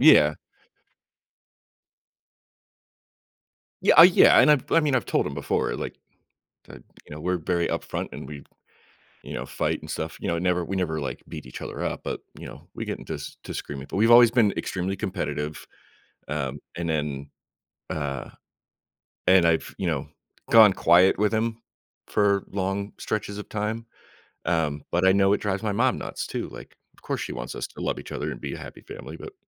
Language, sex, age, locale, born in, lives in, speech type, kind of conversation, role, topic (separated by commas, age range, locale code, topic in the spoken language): English, male, 35-39, en-US, United States, United States, conversation, advice, user, How can I set boundaries with a toxic family member without escalating conflict?